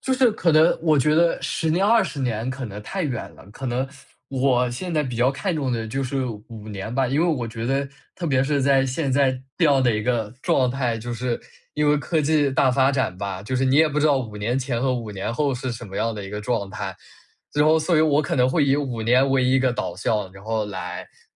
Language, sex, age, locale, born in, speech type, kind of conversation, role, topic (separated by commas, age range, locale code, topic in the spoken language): Chinese, male, 20-24, zh-CN, China, conversation, podcast, guest, 转行时你学到的最重要的一课是什么？